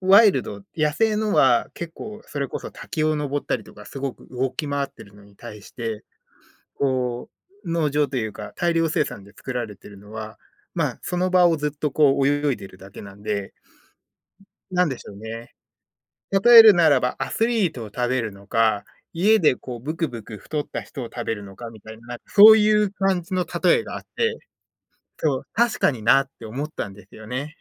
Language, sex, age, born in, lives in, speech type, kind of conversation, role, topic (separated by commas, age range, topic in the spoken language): Japanese, male, 30-34, Japan, United States, conversation, unstructured, none, 日常生活で健康を保つために、普段どのようなことをしていますか？